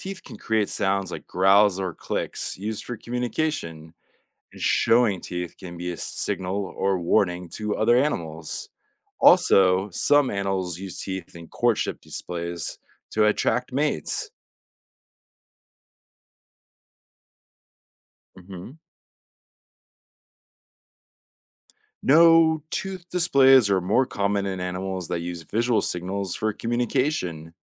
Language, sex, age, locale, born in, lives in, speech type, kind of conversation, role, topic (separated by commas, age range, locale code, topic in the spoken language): English, male, 30-34, en-US, United States, United States, read, expert-assistant, expert, How do teeth help animals survive in the wild?